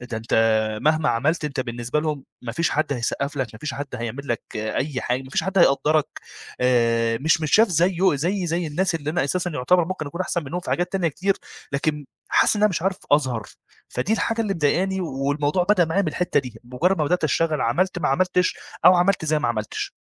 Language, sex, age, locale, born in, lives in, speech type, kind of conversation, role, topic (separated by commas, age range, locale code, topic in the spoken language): Arabic, male, 25-29, ar-EG, Egypt, Egypt, conversation, advice, user, إزاي أقدر أوصف إحساسي إني مزيف في حياتي المهنية؟